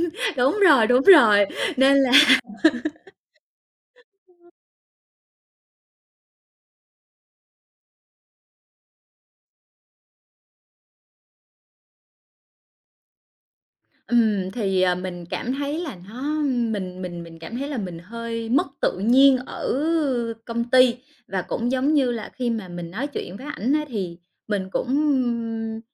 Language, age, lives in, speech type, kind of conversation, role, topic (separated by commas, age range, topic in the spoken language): Vietnamese, 30-34, Vietnam, conversation, advice, user, Làm sao để vượt qua cảm giác tội lỗi khi bạn chủ động chia tay và tự an ủi bản thân?